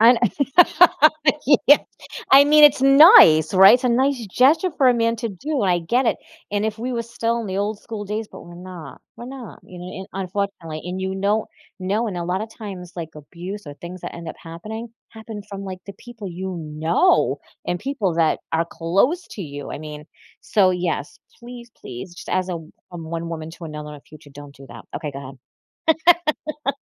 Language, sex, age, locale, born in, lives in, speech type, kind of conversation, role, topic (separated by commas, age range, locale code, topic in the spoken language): English, female, 45-49, en-US, United States, United States, conversation, advice, advisor, How can I handle silence on a first date?